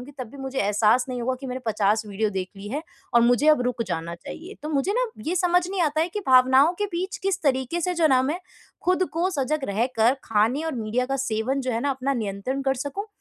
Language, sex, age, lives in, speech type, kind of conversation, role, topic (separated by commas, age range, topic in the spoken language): Hindi, female, 20-24, India, conversation, advice, user, मैं भावनाओं के बीच सजग रहकर खाने और मीडिया के सेवन को कैसे नियंत्रित करूँ?